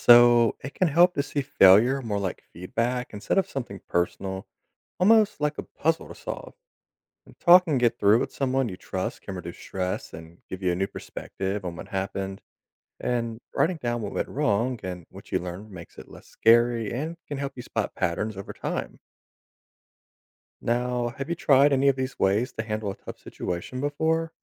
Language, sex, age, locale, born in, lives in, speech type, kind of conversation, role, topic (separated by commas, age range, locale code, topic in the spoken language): English, male, 30-34, en-US, United States, United States, read, expert-assistant, expert, What can we learn from failure?